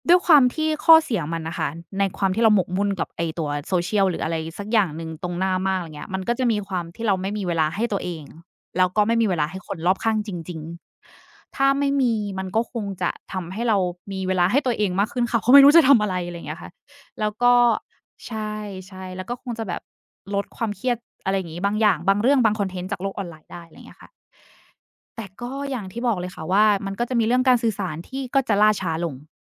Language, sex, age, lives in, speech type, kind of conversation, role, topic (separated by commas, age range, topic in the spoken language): Thai, female, 30-34, Thailand, conversation, unstructured, none, คุณคิดว่าเทคโนโลยีช่วยให้คุณมีความสุขกับชีวิตมากขึ้นไหม?